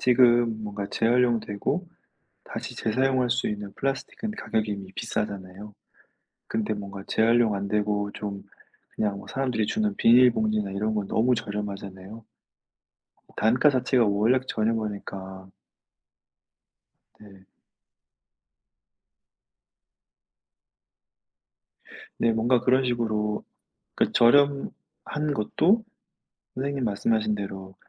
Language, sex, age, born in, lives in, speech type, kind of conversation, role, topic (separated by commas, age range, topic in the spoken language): Korean, male, 35-39, South Korea, France, conversation, unstructured, none, 환경 문제를 해결하기 위해 우리가 할 수 있는 일은 무엇일까요?